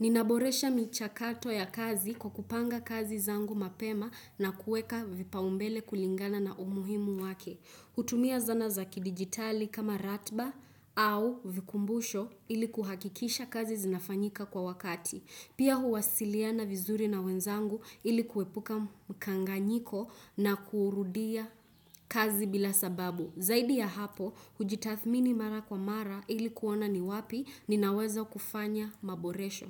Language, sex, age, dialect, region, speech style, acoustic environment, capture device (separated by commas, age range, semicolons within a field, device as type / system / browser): Swahili, female, 18-24, Kenya - Nairobi Sheng-influenced, Kenya, focused, silence, desktop / Windows / Chrome